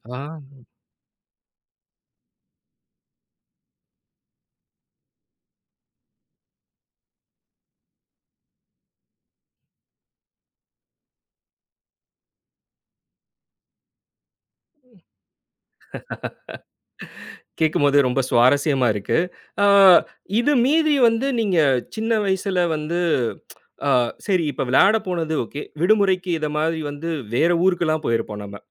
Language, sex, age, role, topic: Tamil, male, 30-34, host, பள்ளிக்காலத்தில் நீங்கள் பள்ளிக்கு வெளியே அனுபவித்த பிடித்தமான நினைவு எது?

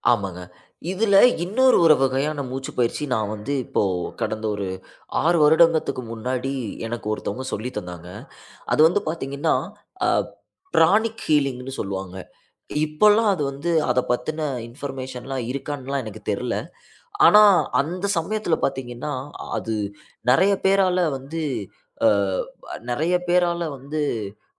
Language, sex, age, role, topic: Tamil, male, 25-29, guest, மூச்சுப் பயிற்சி அல்லது தியானம் உங்களுக்கு எப்படி பயன் தருகிறது?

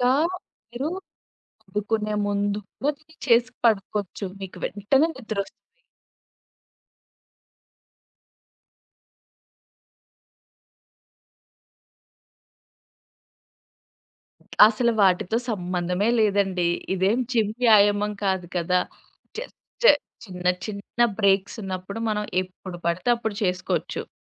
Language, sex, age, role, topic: Telugu, female, 25-29, guest, శ్వాస వ్యాయామాలు మీకు ఏ విధంగా సహాయపడతాయి?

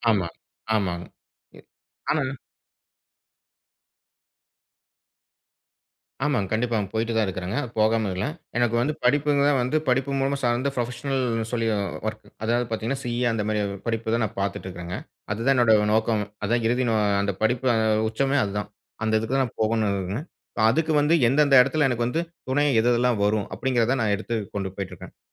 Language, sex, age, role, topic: Tamil, male, 35-39, guest, ஒரு வேலைக்குள் உண்மையான நோக்கம் இருக்கிறதா என்று நீங்கள் எப்படித் தெரிந்துகொள்கிறீர்கள்?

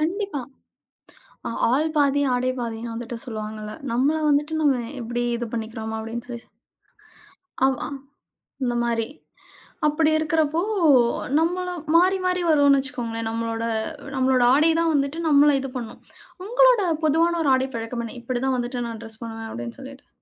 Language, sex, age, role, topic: Tamil, female, 35-39, host, உங்கள் சுய பராமரிப்பு பழக்கம் என்ன?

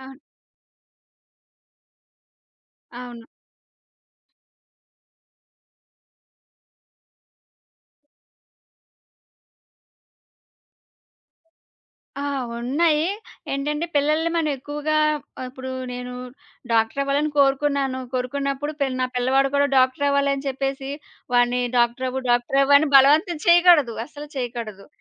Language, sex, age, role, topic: Telugu, female, 30-34, guest, పిల్లలను పెంచడంలో మీరు పాటించే ప్రధాన సూత్రాలు ఏమిటి?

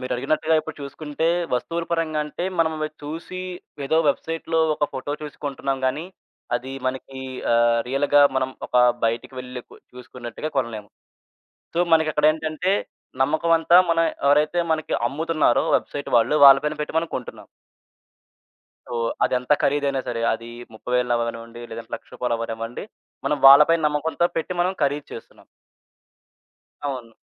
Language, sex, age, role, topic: Telugu, male, 20-24, guest, ఆన్‌లైన్‌ షాపింగ్‌ చేస్తూ మీరు ఏ ఏ జాగ్రత్తలు తీసుకుంటారు?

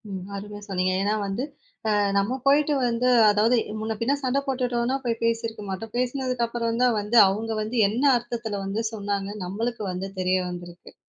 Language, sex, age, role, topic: Tamil, female, 20-24, host, பிறர் சொல்லும் விமர்சனங்கள் உங்களை பாதிக்குமா, இல்லையெனில் அவை உங்களுக்கு உதவுமா?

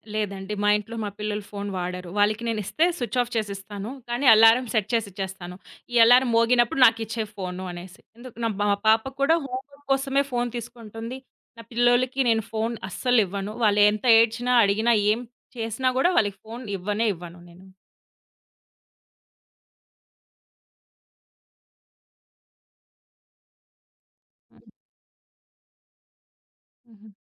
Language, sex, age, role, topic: Telugu, female, 30-34, guest, రాత్రి ఫోన్ వాడకం మీ నిద్రను ఎలా ప్రభావితం చేసింది?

